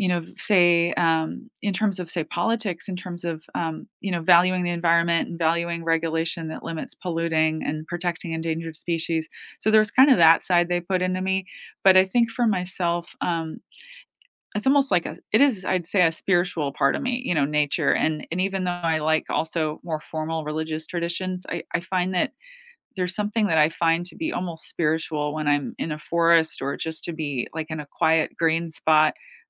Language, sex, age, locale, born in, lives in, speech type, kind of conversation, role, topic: English, female, 45-49, en-US, United States, United States, conversation, unstructured, none, How do you incorporate nearby nature into your daily life where you live, and what does it give you?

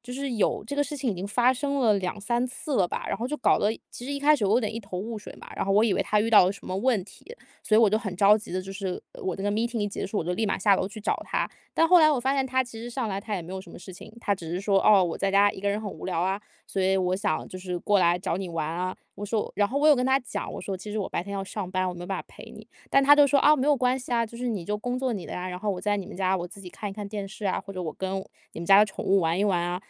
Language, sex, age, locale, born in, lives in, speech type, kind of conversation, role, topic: Chinese, female, 25-29, zh-CN, China, United States, conversation, advice, user, 如何在朋友之间设立界限而不伤害对方？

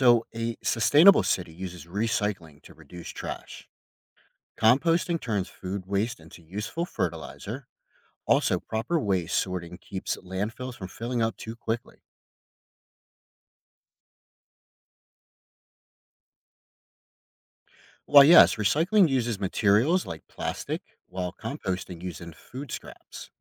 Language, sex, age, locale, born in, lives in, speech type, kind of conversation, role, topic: English, male, 30-34, en-US, United States, United States, read, expert-assistant, expert, What makes a city sustainable?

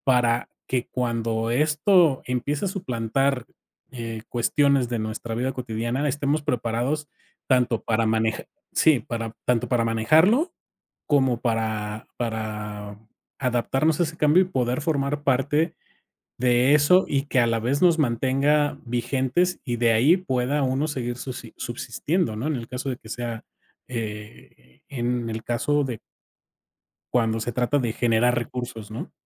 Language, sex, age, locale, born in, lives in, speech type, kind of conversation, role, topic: Spanish, male, 45-49, es-MX, Mexico, Mexico, conversation, podcast, guest, ¿Cómo crees que la inteligencia artificial cambiará la vida diaria?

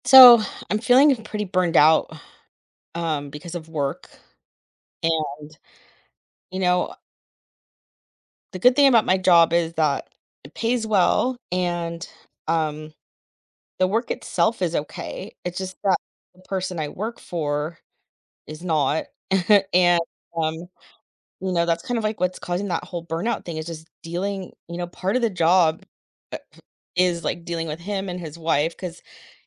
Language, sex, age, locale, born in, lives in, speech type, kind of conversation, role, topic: English, female, 40-44, en-US, United States, United States, conversation, advice, user, How can I manage feelings of burnout at work and regain energy?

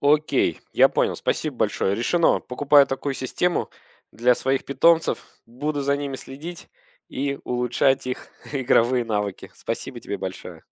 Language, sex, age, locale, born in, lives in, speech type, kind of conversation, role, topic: Russian, male, 35-39, ru-UA, Russia, Germany, read, expert-assistant, asker, Какие новые способы наблюдать за поведением домашних животных дома можно придумать?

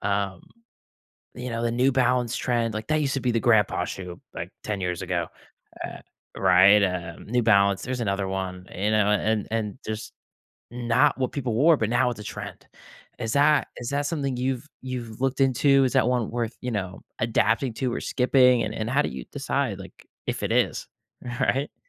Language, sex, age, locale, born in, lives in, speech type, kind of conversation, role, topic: English, male, 18-19, en-US, United States, United States, conversation, podcast, host, How do you stay true to yourself when following trends?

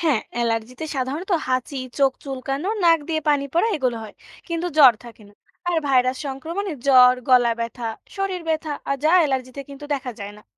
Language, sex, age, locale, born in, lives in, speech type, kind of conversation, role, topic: Bengali, female, 20-24, bn-BD, Bangladesh, Bangladesh, read, expert-assistant, expert, জ্বর হলে আমাদের শরীর কীভাবে নিজে নিজে লড়াই করে ওঠে—এটা ব্যাখ্যা করতে পারবেন?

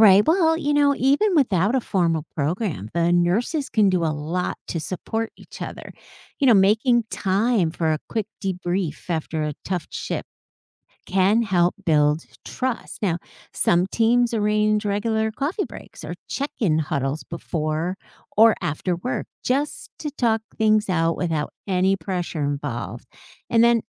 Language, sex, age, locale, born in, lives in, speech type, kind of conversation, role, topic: English, female, 55-59, en-US, United States, United States, read, expert-assistant, expert, How can nurses prevent burnout while providing quality care?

